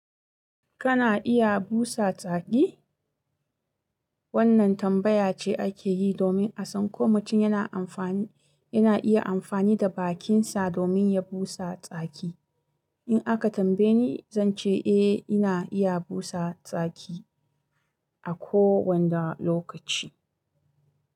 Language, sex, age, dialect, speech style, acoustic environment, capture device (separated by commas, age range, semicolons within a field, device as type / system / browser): Hausa, female, 25-34, Nigeria - Kano, relaxed, silence, mobile / Linux / Chrome